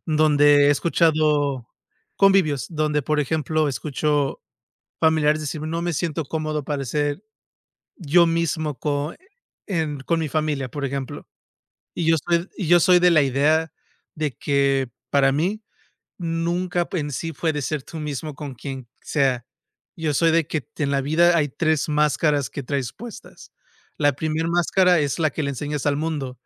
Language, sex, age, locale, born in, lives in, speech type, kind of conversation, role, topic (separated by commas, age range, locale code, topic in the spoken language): Spanish, male, 35-39, es-MX, United States, United States, conversation, unstructured, none, ¿Cómo te sientes cuando no puedes ser tú mismo en algún lugar?